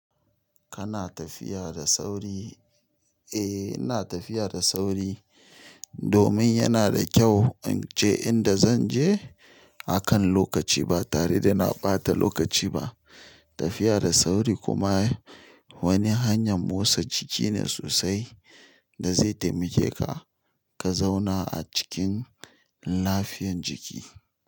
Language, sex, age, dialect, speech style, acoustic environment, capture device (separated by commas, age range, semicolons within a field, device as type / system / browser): Hausa, male, 35-44, Nigeria - Nigerian Standard, relaxed; focused, silence, mobile / Linux / Chrome